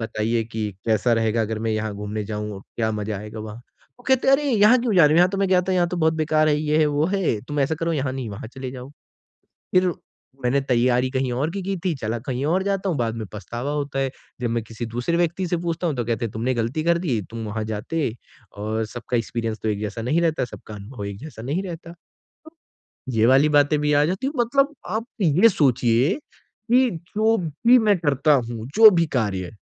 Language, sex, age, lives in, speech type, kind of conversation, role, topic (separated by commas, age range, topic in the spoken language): Hindi, male, 20-24, India, conversation, advice, user, दूसरों की राय का डर कम कैसे करें?